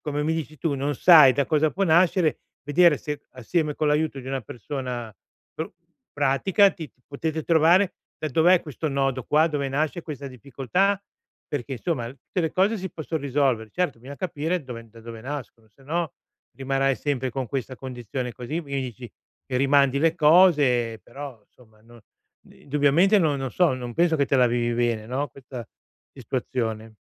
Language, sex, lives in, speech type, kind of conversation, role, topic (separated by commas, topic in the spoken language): Italian, male, Italy, conversation, advice, advisor, Come posso bilanciare il tempo libero con gli impegni quotidiani?